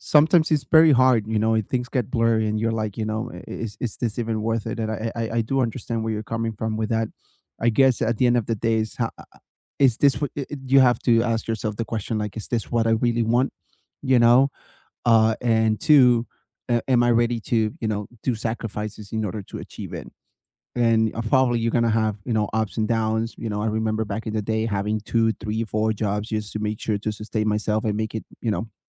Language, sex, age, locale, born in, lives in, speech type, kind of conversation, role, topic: English, male, 30-34, en-US, United States, United States, conversation, unstructured, none, What is one thing you hope to be doing in five years?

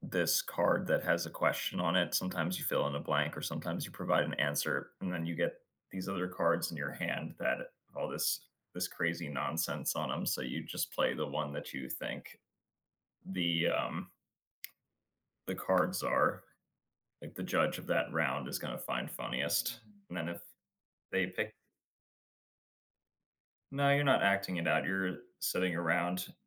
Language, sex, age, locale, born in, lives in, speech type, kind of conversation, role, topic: English, male, 30-34, en-US, United States, United States, conversation, unstructured, none, How do the people in your life shape your sense of family?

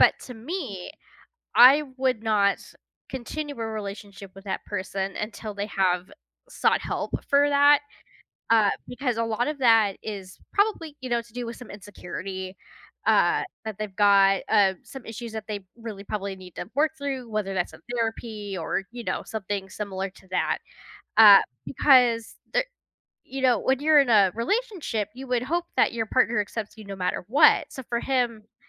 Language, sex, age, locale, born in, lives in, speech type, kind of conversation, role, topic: English, female, 30-34, en-US, United States, United States, conversation, advice, advisor, How can I address ongoing dishonesty from my partner?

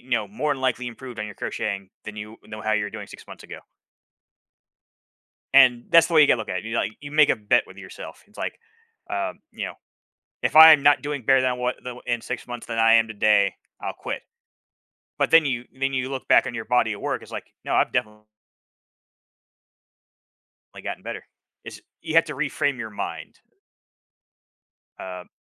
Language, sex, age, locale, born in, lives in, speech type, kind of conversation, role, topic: English, male, 40-44, en-US, United States, United States, conversation, unstructured, none, How have your hobbies influenced your personal growth or abilities?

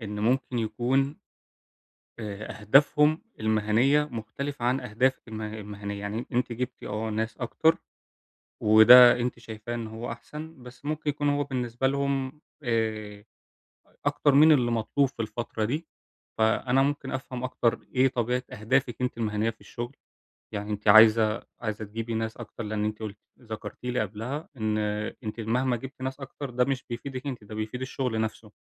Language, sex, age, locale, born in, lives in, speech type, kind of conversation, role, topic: Arabic, male, 20-24, ar-EG, Egypt, Germany, conversation, advice, advisor, إزاي أبني مصداقيتي وآخد تقدير مهني جوه الشركة بشكل واضح وفعّال؟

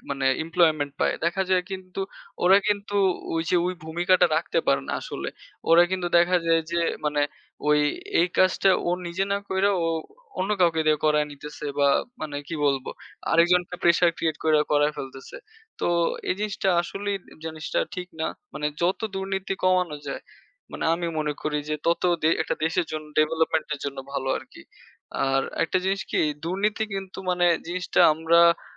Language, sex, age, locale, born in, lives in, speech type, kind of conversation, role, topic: Bengali, male, 20-24, bn-BD, Bangladesh, Bangladesh, conversation, unstructured, none, আর্থিক দুর্নীতির কারণে কারা সবচেয়ে বেশি ক্ষতিগ্রস্ত হয়?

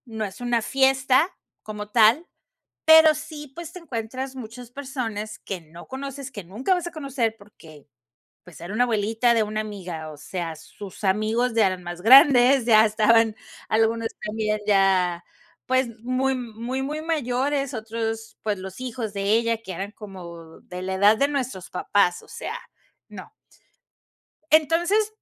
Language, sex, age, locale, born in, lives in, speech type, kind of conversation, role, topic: Spanish, female, 45-49, es-MX, Mexico, Mexico, conversation, advice, advisor, ¿Cómo puedo dejar de sentirme excluido o desconectado en las fiestas?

